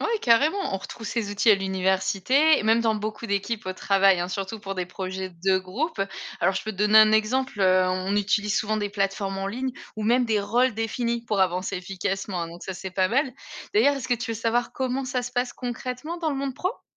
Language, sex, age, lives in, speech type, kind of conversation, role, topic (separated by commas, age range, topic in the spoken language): French, female, 35-39, Germany, read, expert-assistant, expert, À quoi sert vraiment l’école dans la vie de tous les jours ? Est-ce qu’on apprend ce qui compte vraiment ?